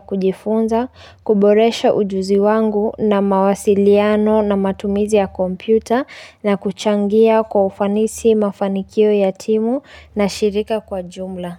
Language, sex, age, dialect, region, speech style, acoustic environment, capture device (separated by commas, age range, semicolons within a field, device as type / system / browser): Swahili, female, 25-34, Kenya - Nairobi Sheng-influenced, Kenya, anxious, nature, mobile / Linux / Chrome